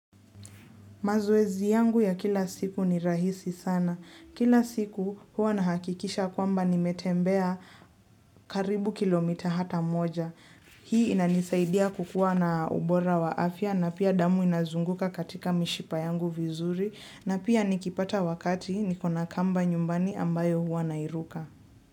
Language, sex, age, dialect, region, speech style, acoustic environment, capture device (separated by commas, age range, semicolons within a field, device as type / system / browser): Swahili, female, 18-24, Kenya - Nairobi Sheng-influenced, Kenya, relaxed, silence, mobile / Linux / Chrome